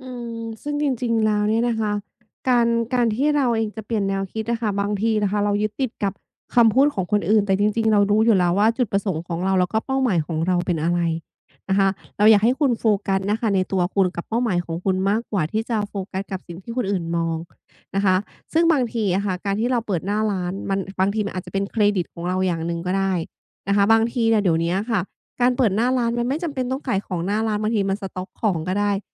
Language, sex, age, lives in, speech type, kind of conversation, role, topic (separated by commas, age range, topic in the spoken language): Thai, female, 35-39, Thailand, conversation, advice, advisor, ฉันควรทำอย่างไรเมื่อเจอกับความล้มเหลวชั่วคราวเพื่อไม่ให้เสียขวัญและกลับมาสู้ได้อีกครั้ง?